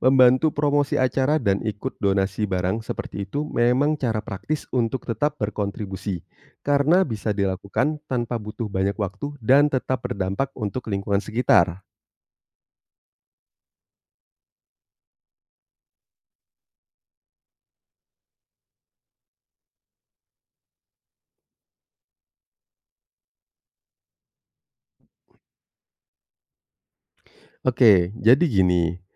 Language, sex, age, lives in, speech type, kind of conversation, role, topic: Indonesian, male, 30-34, Indonesia, read, expert-assistant, expert, Apa manfaat berpartisipasi dalam kegiatan sosial di masyarakat?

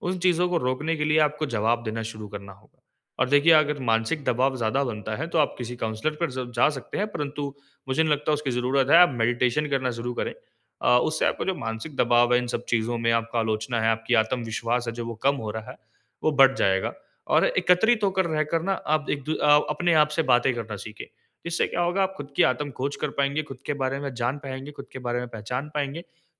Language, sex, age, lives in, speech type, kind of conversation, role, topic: Hindi, male, 20-24, India, conversation, advice, advisor, समूह में आलोचना या विरोध का सामना होने पर क्या करना चाहिए?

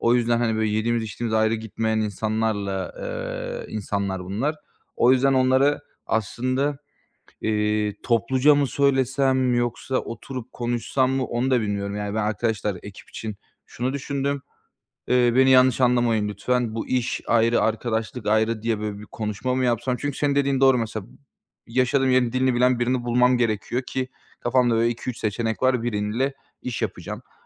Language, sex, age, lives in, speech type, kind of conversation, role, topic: Turkish, male, 25-29, Bulgaria, conversation, advice, user, Benim için doğru iş ortağını ve ekibi nasıl seçebilirim?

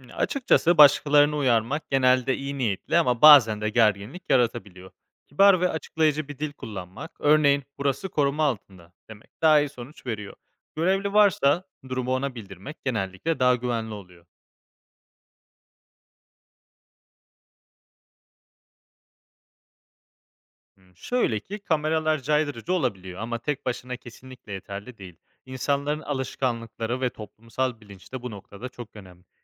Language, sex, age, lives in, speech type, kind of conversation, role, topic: Turkish, male, 25-29, Spain, read, expert-assistant, expert, Tarihi alanlarda yapılan kazıların zarar görmemesi için ziyaretçilere yönelik hangi pratik önlemler alınabilir?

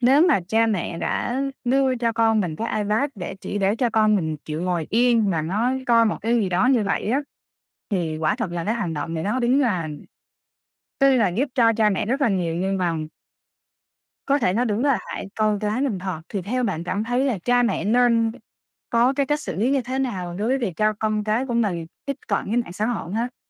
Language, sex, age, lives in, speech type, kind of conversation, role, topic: Vietnamese, female, 25-29, Vietnam, conversation, podcast, host, Bạn nghĩ mạng xã hội đã thay đổi cách chúng ta thưởng thức giải trí như thế nào?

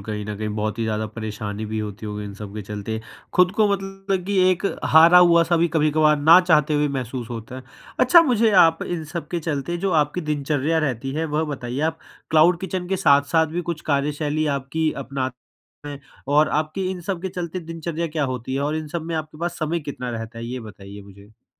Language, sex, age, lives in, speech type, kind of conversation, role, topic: Hindi, male, 20-24, India, conversation, advice, advisor, मैं अपने लक्ष्य कैसे तय करूँ और प्रेरित कैसे बना रहूँ?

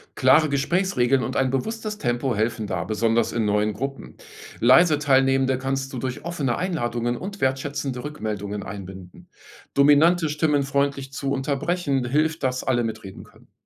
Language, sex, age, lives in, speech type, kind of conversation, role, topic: German, male, 45-49, Germany, read, expert-assistant, expert, Welche Fähigkeiten helfen dabei, Städte lebenswerter zu machen, und wie kann ich diese entwickeln?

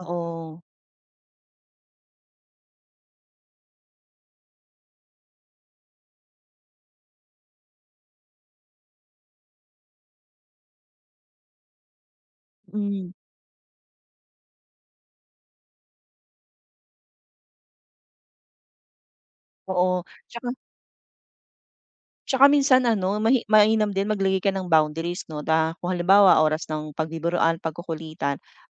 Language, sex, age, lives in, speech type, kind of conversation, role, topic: Filipino, female, 40-44, Philippines, conversation, advice, advisor, Paano ko babalansehin ang ambisyon ko sa trabaho at ang pakikisama sa opisina?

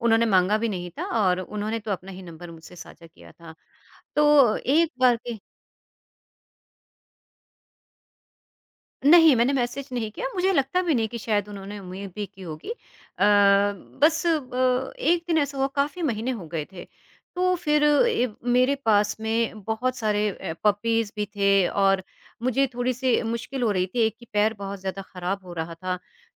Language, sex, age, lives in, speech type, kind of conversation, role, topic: Hindi, female, 50-54, India, conversation, podcast, guest, क्या कभी किसी छोटी-सी मुलाकात से आपको काम या दोस्ती मिली है?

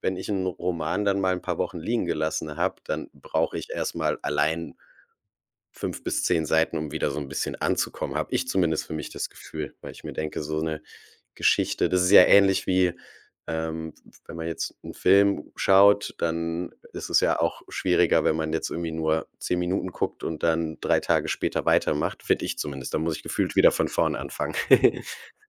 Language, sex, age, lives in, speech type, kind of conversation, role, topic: German, male, 35-39, Germany, conversation, advice, user, Wie kann ich es schaffen, jeden Tag wenigstens zehn Minuten zu lesen?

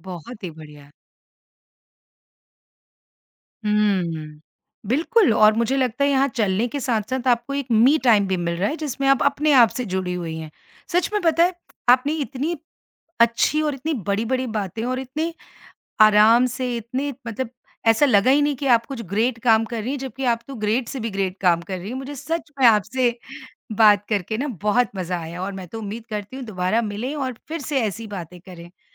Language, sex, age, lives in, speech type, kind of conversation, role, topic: Hindi, female, 50-54, India, conversation, podcast, host, Aap padhai aur kaam ke beech apna samay kaise manage karte hain?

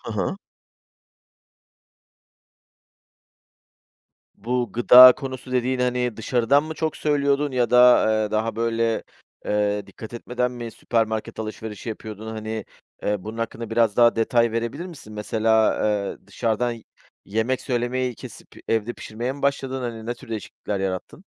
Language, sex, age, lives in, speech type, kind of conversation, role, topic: Turkish, male, 40-44, Greece, conversation, podcast, host, Evde tasarruf etmek için neler yapıyorsun?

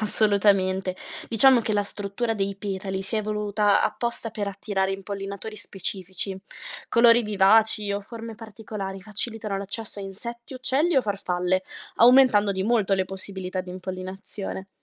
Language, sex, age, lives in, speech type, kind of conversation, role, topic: Italian, female, 20-24, Italy, read, expert-assistant, expert, Come posso osservare i segni dell’evoluzione negli animali e nelle piante che incontro ogni giorno?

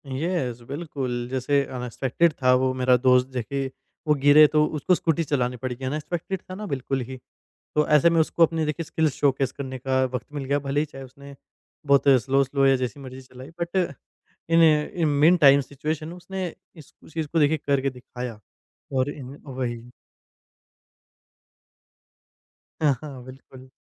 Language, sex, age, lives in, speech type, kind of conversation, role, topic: Hindi, male, 20-24, India, conversation, podcast, guest, Kya aapne kabhi kisi random detour se apni sabse badi khushi paayi hai?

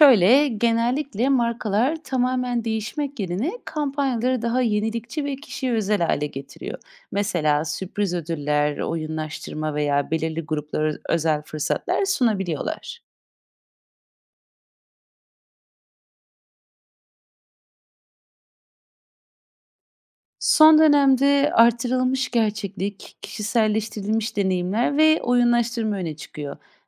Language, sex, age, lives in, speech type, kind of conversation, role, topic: Turkish, female, 30-34, Netherlands, read, expert-assistant, expert, Bir ürünü almak için hemen karar vermek zorunda olsaydık, harcamalarımızda neler değişirdi?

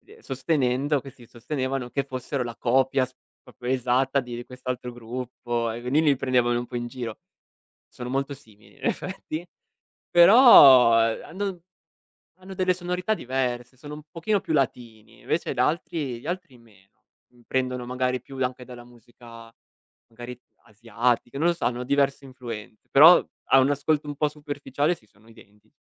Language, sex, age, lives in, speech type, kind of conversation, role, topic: Italian, male, 25-29, Italy, conversation, podcast, guest, Cosa ti spinge a scoprire e ascoltare nuovi artisti oggi?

